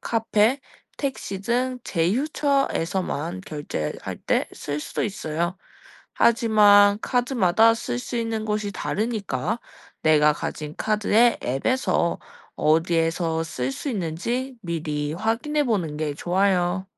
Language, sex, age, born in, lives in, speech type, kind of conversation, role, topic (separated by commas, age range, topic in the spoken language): Korean, female, 20-24, South Korea, Japan, read, expert-assistant, expert, 버스카드는 어떻게 요금을 계산하나요?